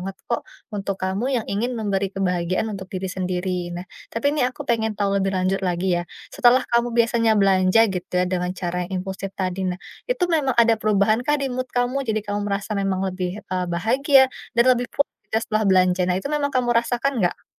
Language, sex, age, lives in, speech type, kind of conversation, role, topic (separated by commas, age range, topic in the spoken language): Indonesian, female, 30-34, Indonesia, conversation, advice, advisor, Bagaimana perasaan dan pengalaman Anda terkait kebiasaan terlalu sering belanja impulsif secara online?